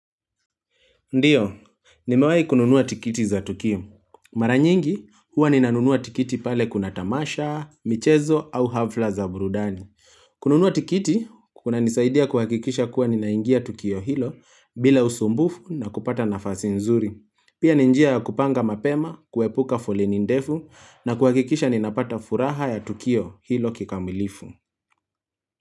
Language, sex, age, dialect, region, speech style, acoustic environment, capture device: Swahili, male, 25-34, Kenya - Nairobi Sheng-influenced, Kenya, relaxed; focused, nature, mobile / Linux / Chrome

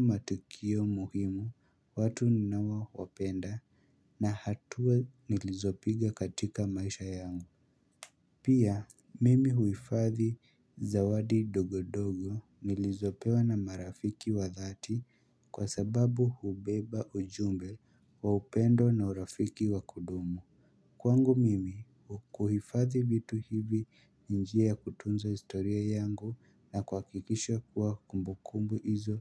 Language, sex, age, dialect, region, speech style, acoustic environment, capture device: Swahili, male, 18-24, Kenya - Nairobi Sheng-influenced, Kenya, happy; excited, silence, mobile / Linux / Chrome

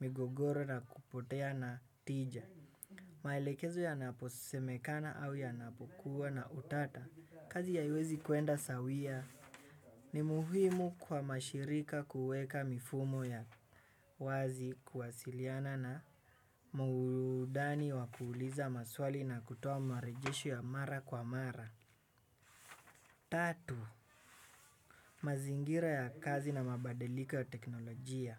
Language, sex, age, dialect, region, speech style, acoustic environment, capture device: Swahili, male, 18-24, Kenya - Nairobi Sheng-influenced, Kenya, relaxed; tired, nature; people talking, desktop / Windows / Chrome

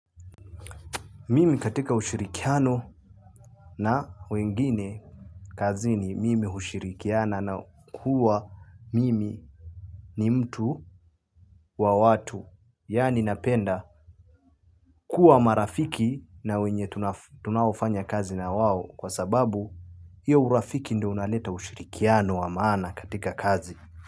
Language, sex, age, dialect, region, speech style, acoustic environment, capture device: Swahili, male, 18-24, Kenya - Nairobi Sheng-influenced, Kenya, happy; relaxed, silence, mobile / Linux / Chrome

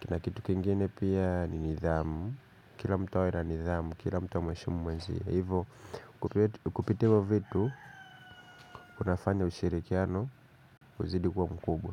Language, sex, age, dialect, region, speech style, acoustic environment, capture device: Swahili, male, 25-34, Kenya - Nairobi Sheng-influenced, Kenya, happy, nature, mobile / Linux / Chrome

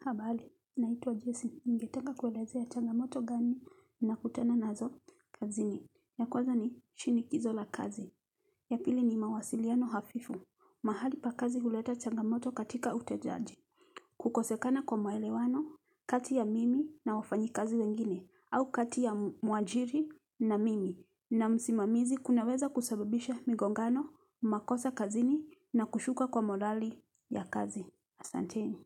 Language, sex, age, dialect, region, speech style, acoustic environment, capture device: Swahili, female, 35-44, Kenya - Nairobi Sheng-influenced, Kenya, happy, silence, mobile / Linux / Chrome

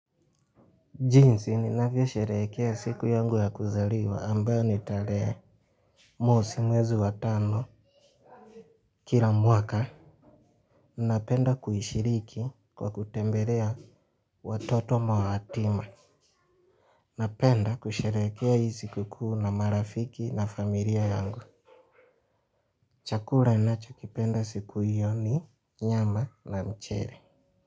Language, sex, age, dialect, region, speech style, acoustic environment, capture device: Swahili, male, 25-34, Kenya - Mombasa, Kenya, relaxed, nature; silence, mobile / Linux / Chrome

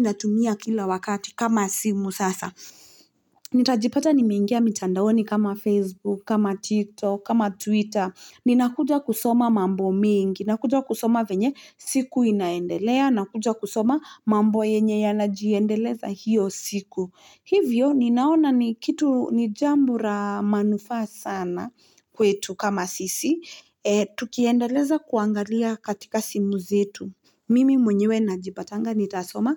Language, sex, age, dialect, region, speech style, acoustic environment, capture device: Swahili, female, 25-34, Kenya - Nairobi Sheng-influenced, Kenya, relaxed, silence, mobile / Linux / Chrome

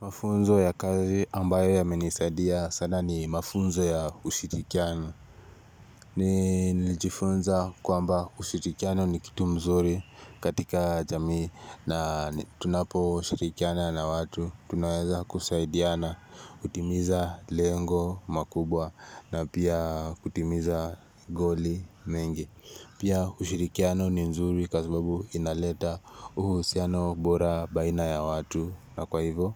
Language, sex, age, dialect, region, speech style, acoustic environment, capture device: Swahili, male, 18-24, Kenya - Nairobi Sheng-influenced, Kenya, relaxed; focused, nature; silence, mobile / Linux / Chrome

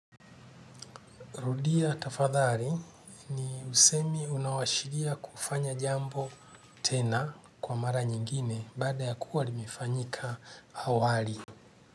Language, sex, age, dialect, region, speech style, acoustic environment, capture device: Swahili, male, 45-54, Kenya - Nairobi Sheng-influenced, Kenya, happy; relaxed, nature; silence, desktop / Linux / Chrome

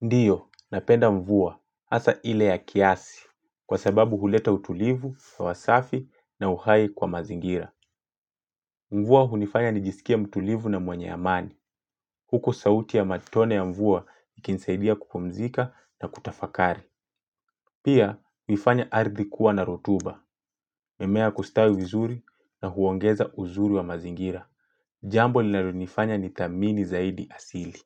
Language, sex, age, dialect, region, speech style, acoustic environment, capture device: Swahili, male, 25-34, Kenya - Mombasa, Kenya, happy; relaxed; focused, silence, mobile / Linux / Chrome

